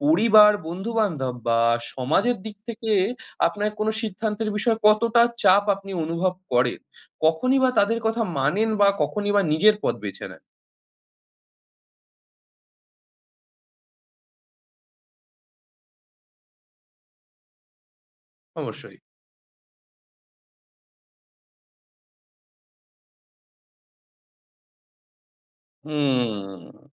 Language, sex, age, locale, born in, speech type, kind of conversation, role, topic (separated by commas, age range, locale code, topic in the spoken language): Bengali, male, 20-24, bn-IN, India, conversation, podcast, host, ভালো-মন্দ ভেবে আপনি কীভাবে চূড়ান্ত সিদ্ধান্ত নেন?